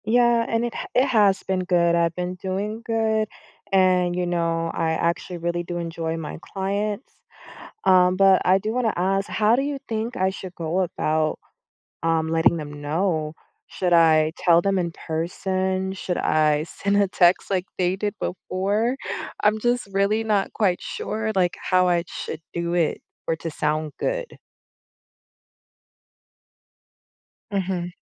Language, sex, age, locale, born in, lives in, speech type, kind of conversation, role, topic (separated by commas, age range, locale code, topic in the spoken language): English, female, 30-34, en-US, United States, United States, conversation, advice, user, How can I stop worrying that I'm not meeting expectations at work?